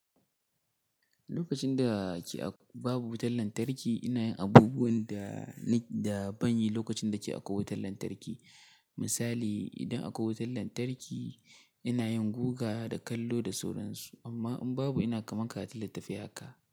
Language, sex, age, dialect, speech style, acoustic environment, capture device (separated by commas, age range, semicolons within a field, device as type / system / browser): Hausa, male, 18-24, Nigeria - Katsina, happy; anxious, silence; nature, mobile / Linux / Chrome